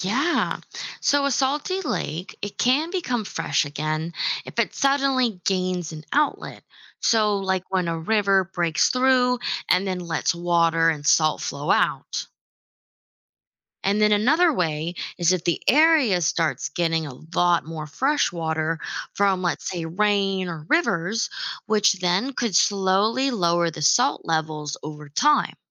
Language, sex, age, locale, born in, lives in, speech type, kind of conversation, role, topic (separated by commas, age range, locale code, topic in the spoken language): English, female, 25-29, en-US, United States, United States, read, expert-assistant, expert, What causes some lakes to be salty instead of fresh?